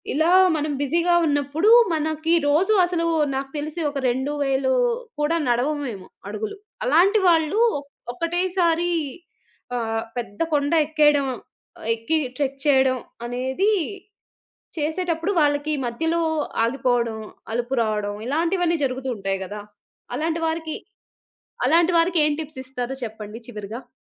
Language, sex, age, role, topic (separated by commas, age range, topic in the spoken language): Telugu, female, 30-34, host, నడక లేదా ట్రెక్కింగ్ చేయడం మీకు ఏమి ఇస్తుంది?